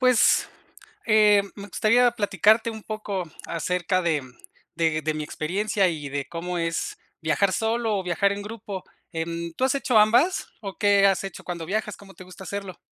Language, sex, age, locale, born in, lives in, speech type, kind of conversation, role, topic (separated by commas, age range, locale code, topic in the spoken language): Spanish, male, 35-39, es-MX, Mexico, Mexico, conversation, unstructured, none, ¿Prefieres viajar solo o en grupo?